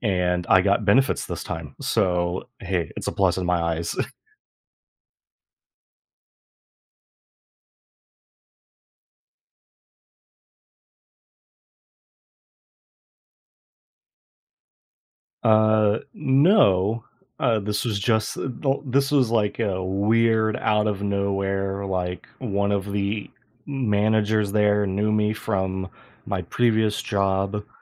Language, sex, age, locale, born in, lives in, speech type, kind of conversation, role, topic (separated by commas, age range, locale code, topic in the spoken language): English, male, 25-29, en-US, United States, United States, conversation, unstructured, none, How can one find purpose when an old life plan stops fitting?